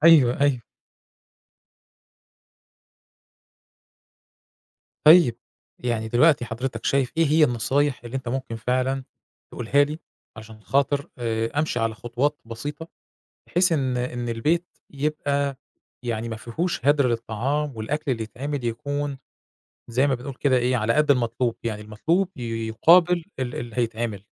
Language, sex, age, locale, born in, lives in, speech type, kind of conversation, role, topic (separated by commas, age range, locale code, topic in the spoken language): Arabic, male, 40-44, ar-EG, Egypt, Egypt, conversation, podcast, host, إزاي نقدر نقلّل هدر الأكل في بيوتنا؟